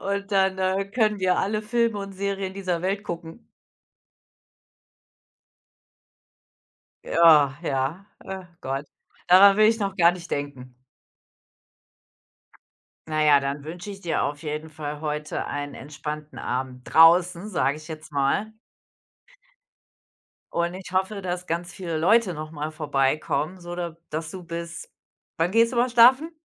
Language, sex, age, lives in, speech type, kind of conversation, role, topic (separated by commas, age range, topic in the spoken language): German, female, 45-49, Germany, conversation, unstructured, none, Was machst du, damit du abends gut runterkommen kannst?